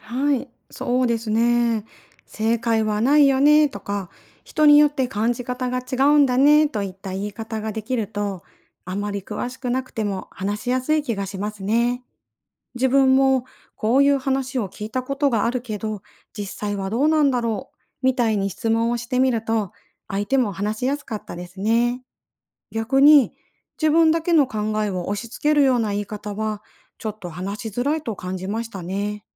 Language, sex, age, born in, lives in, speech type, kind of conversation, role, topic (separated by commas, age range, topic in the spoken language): Japanese, female, 40-44, Japan, Japan, read, expert-assistant, asker, 宗教を公平かつ幅広く理解するにはどうすればよいですか？